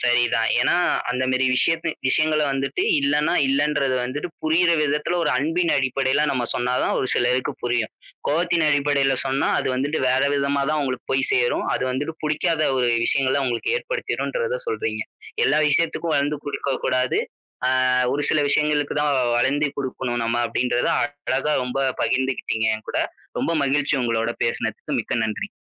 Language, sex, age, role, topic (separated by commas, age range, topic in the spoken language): Tamil, male, 20-24, host, ஒருவருக்கு 'இல்லை' சொல்லும் போது நீங்கள் எப்படி சொல்கிறீர்கள்?